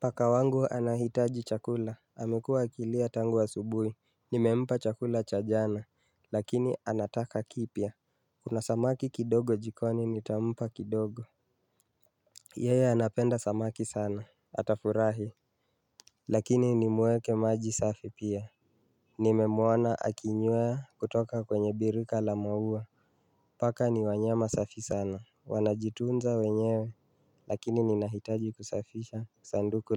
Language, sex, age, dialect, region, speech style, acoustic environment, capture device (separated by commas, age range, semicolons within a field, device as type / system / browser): Swahili, male, 25-34, Kenya - Nairobi Sheng-influenced, Kenya, focused, silence; nature, mobile / Linux / Chrome